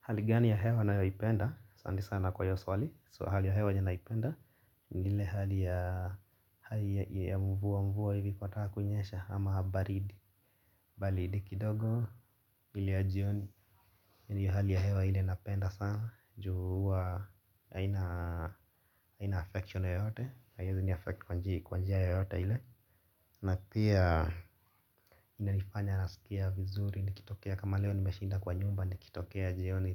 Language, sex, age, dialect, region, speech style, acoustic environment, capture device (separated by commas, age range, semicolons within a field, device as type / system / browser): Swahili, male, 25-34, Kenya - Nairobi Sheng-influenced, Kenya, relaxed, nature, mobile / Linux / Chrome